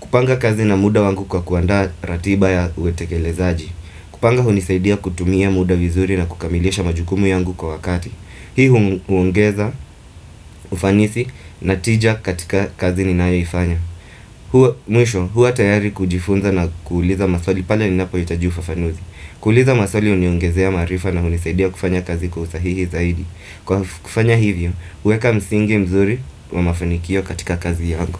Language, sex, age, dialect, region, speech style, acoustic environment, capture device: Swahili, male, 25-34, Kenya - Mombasa, Kenya, anxious, appliances, desktop / Windows / Firefox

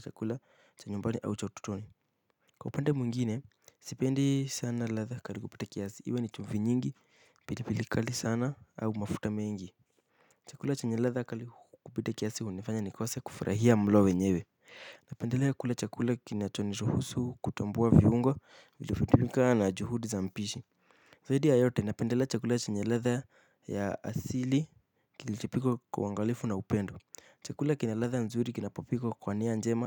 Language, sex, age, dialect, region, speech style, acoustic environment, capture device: Swahili, male, 18-24, Kenya - Nairobi Sheng-influenced, Kenya, happy, silence, mobile / Linux / Chrome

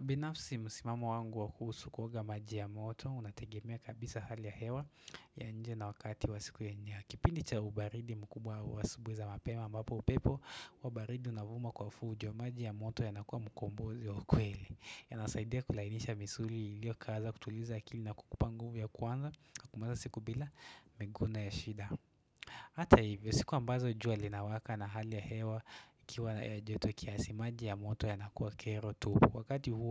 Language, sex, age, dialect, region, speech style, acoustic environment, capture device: Swahili, male, 18-24, Kenya - Nairobi Sheng-influenced, Kenya, relaxed; focused; nervous, silence; nature, desktop / Windows / Chrome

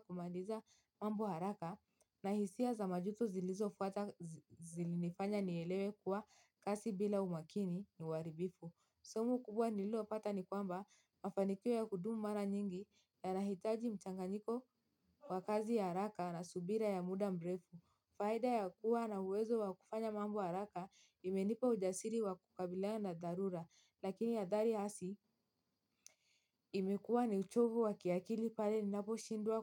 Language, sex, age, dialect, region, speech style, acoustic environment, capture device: Swahili, female, 18-24, Kenya - Mombasa, Kenya, happy; tired, silence, mobile / Linux / Chrome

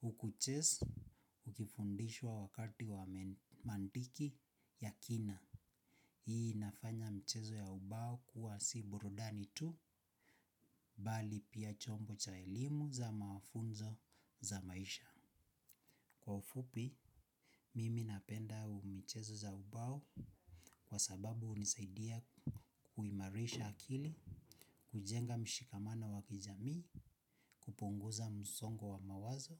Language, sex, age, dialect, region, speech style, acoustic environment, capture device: Swahili, male, 25-34, Kenya - Nairobi Sheng-influenced, Kenya, focused, silence, mobile / Linux / Chrome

